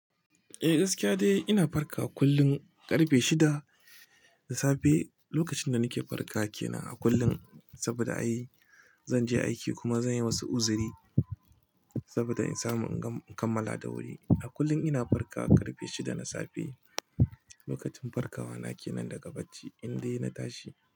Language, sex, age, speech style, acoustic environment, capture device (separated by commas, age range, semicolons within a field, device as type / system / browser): Hausa, male, 25-34, relaxed; happy, nature; silence, mobile / Linux / Chrome